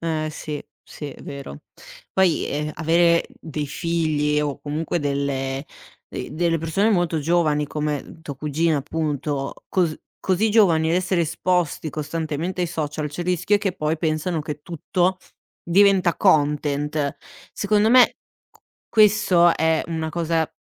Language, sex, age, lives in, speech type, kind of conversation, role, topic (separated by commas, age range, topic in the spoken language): Italian, female, 60-64, Italy, conversation, podcast, host, Come usare i social senza perdere il contatto umano?